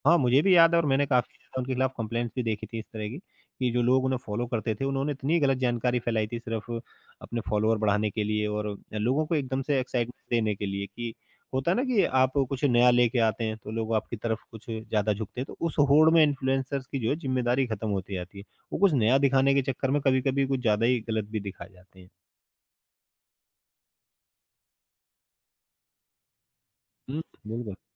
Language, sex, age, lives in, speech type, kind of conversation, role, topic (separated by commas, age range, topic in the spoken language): Hindi, male, 35-39, India, conversation, podcast, guest, इन्फ्लुएंसरों की जिम्मेदारी कितनी होनी चाहिए?